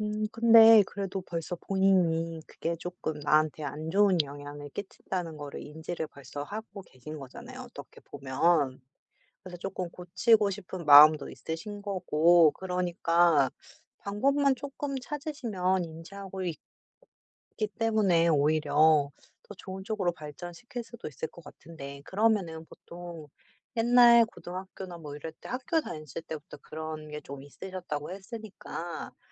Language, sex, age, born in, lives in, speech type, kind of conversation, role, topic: Korean, female, 35-39, United States, United States, conversation, advice, advisor, 일에서 나온 결과를 내 가치와 분리하면서 자기비판을 줄이고 회복탄력성을 키우려면 어떻게 해야 할까요?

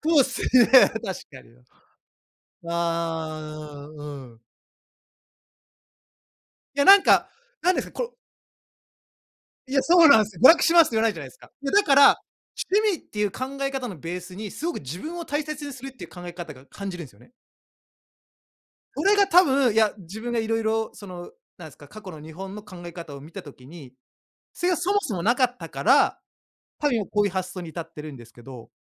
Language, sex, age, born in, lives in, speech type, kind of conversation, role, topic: Japanese, male, 35-39, Japan, Japan, conversation, unstructured, none, 趣味に取り組んでいるとき、どんな気持ちになりますか？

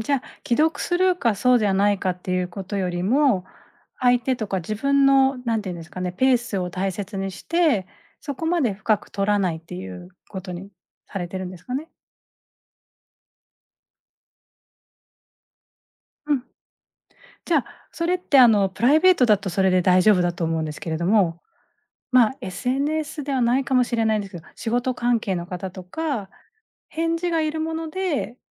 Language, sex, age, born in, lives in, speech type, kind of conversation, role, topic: Japanese, female, 40-44, Japan, Japan, conversation, podcast, host, テキストやSNSでの会話で、どんな点に気をつけていますか？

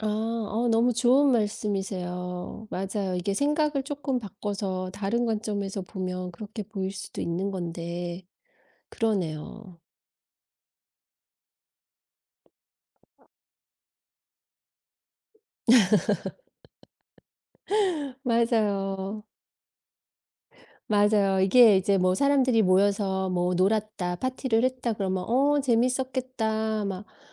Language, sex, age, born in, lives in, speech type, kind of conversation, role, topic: Korean, female, 50-54, South Korea, United States, conversation, advice, user, 소속감과 혼자만의 시간 사이에서 균형을 어떻게 찾을 수 있을까요?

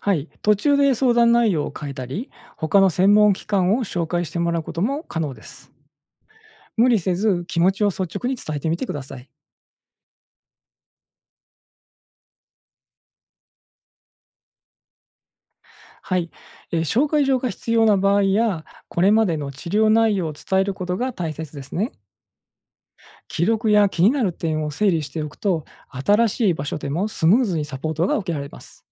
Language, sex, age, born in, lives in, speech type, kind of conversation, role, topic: Japanese, male, 45-49, Japan, Japan, read, expert-assistant, expert, 信頼できるメンタルヘルスの情報は、どこで探すのが一番良いと思いますか？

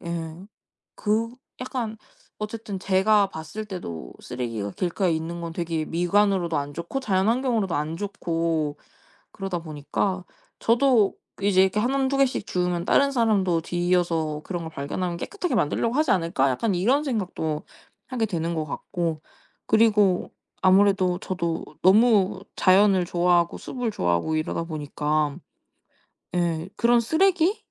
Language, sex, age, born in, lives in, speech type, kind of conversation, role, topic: Korean, female, 20-24, South Korea, Japan, conversation, podcast, guest, 숲이나 산에 가면 어떤 기분이 드나요?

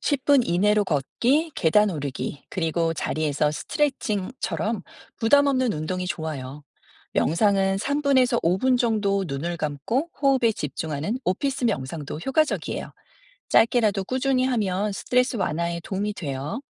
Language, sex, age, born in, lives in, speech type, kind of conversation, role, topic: Korean, female, 50-54, South Korea, United States, read, expert-assistant, expert, 스트레스 해소를 위한 명상과 운동, 어느 방법이 더 효과적일까요?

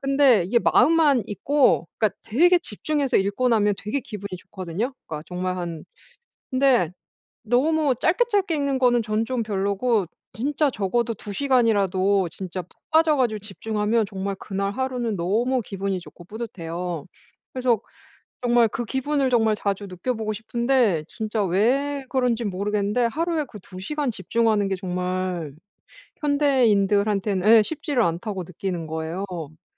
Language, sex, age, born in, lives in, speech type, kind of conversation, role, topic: Korean, female, 35-39, South Korea, France, conversation, advice, user, 중단 없이 일정 시간 동안 집중을 시작하려면 어떻게 해야 하나요?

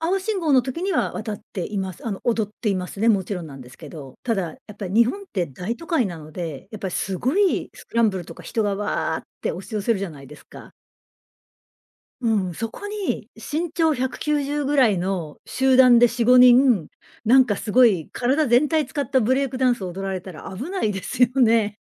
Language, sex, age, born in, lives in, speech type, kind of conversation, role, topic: Japanese, female, 55-59, Japan, Japan, conversation, podcast, guest, SNSの「いいね」文化は、個人の価値観にどのような影響を与えるのでしょうか？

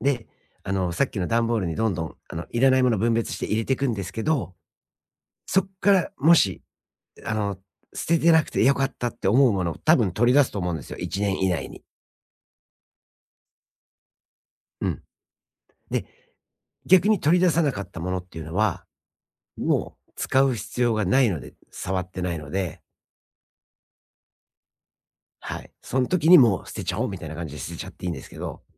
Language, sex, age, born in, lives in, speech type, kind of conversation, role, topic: Japanese, male, 45-49, Japan, United States, conversation, advice, advisor, 物や情報が整理されていなくてやる気が出ないのですが、どこから始めればいいですか？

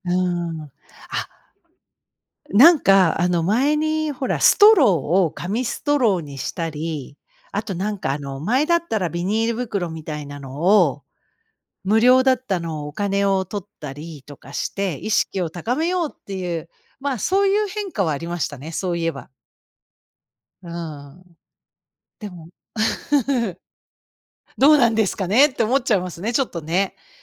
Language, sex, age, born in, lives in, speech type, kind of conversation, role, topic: Japanese, female, 55-59, Japan, United States, conversation, unstructured, none, エコな生活を始めるには、まず何から始めればよいですか？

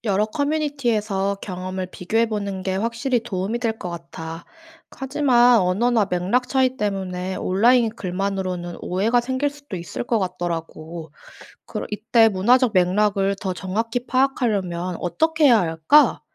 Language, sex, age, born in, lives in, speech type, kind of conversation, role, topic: Korean, female, 25-29, South Korea, South Korea, read, expert-assistant, asker, 다른 나라 문화를 깊이 이해하려면 어떤 정보를 먼저 찾아보고 확인하면 좋을까요?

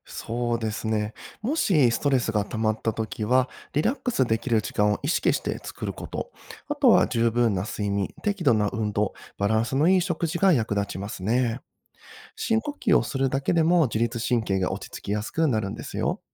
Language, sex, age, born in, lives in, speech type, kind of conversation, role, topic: Japanese, male, 30-34, Japan, Japan, read, expert-assistant, expert, ストレスを感じたとき、なぜ体や心に変化があらわれるのですか？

